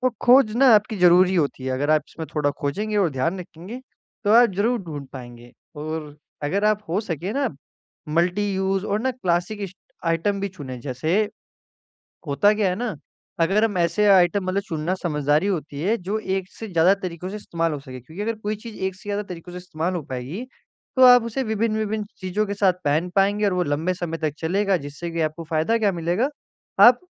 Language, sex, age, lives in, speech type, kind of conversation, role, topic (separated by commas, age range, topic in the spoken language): Hindi, male, 18-19, India, conversation, advice, advisor, कम बजट में अच्छा स्टाइल और सही तोहफा कैसे ढूंढें?